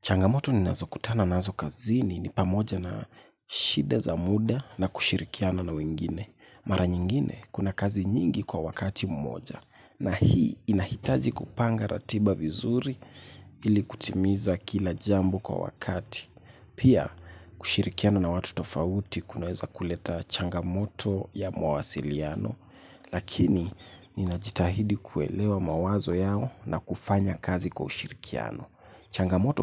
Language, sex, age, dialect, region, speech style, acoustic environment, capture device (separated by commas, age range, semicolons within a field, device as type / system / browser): Swahili, male, 18-24, Kenya - Nairobi Sheng-influenced, Kenya, relaxed; focused, nature; silence, mobile / Linux / Chrome